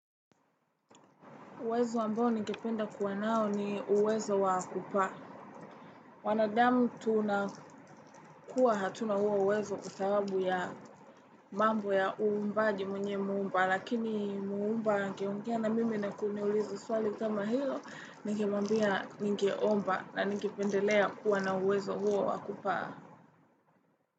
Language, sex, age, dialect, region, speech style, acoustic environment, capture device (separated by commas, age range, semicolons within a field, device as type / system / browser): Swahili, female, 18-24, Tanzania - Dar es Salaam, Tanzania, relaxed, silence, desktop / Windows / Chrome